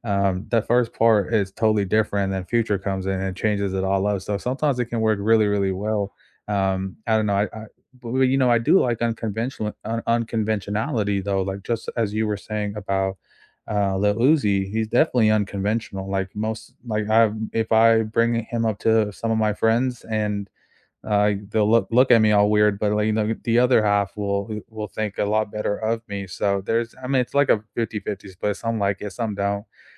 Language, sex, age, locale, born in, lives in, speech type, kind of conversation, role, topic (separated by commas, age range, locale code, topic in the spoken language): English, male, 25-29, en-US, United States, United States, conversation, unstructured, none, Which song did you have on repeat all week, and what about it hooked you?